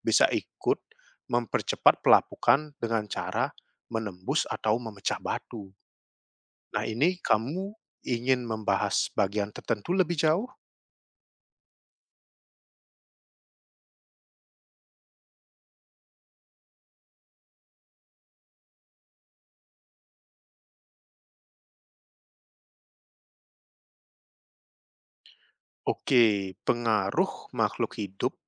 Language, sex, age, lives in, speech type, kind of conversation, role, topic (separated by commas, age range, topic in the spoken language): Indonesian, male, 30-34, Netherlands, read, expert-assistant, expert, Apa yang menyebabkan terjadinya pelapukan batu